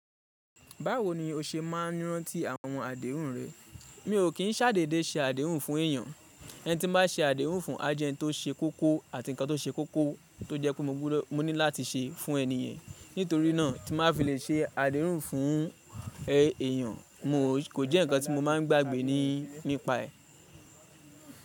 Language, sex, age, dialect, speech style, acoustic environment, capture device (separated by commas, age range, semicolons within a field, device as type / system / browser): Yoruba, male, 25-34, Nigeria - Ibadan, focused, nature; people talking, mobile / Linux / Chrome